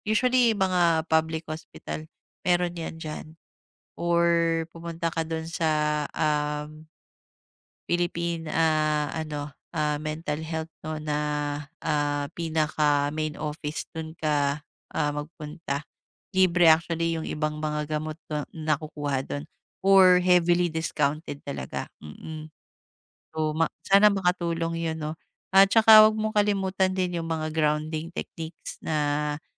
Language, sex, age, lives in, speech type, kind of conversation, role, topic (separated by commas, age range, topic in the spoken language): Filipino, female, 35-39, Philippines, conversation, advice, advisor, Paano ko haharapin ang mga biglaang problema habang naglalakbay?